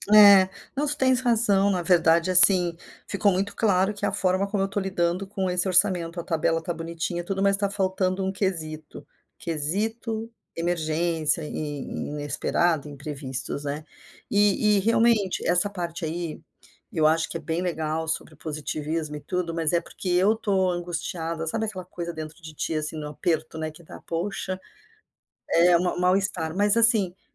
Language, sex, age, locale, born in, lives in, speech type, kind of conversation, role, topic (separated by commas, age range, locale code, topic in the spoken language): Portuguese, female, 55-59, pt-BR, Brazil, United States, conversation, advice, user, Por que você não consegue seguir o orçamento que fez?